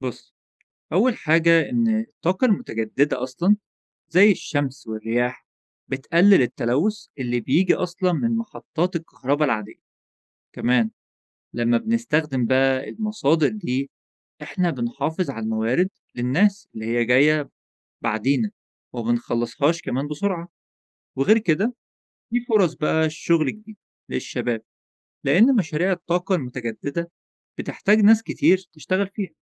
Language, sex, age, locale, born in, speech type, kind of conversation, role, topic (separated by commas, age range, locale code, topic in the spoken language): Arabic, male, 20-24, ar-EG, Egypt, read, expert-assistant, expert, كيف تساهم الطاقة المتجددة في تحقيق التنمية المستدامة؟